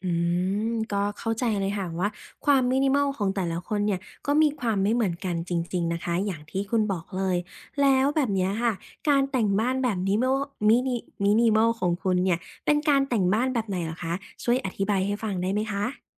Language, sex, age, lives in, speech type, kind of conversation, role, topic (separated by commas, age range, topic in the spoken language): Thai, female, 25-29, Thailand, conversation, podcast, host, การแต่งบ้านแบบมินิมอลส่งผลต่อความรู้สึกอย่างไรบ้าง?